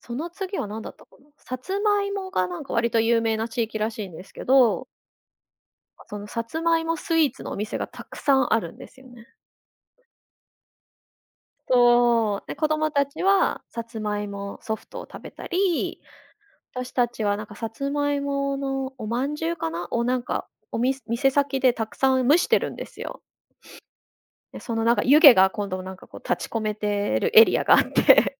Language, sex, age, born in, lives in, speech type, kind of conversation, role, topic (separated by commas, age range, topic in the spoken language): Japanese, female, 35-39, Japan, Japan, conversation, podcast, guest, 旅先で食べて感動した料理は何ですか？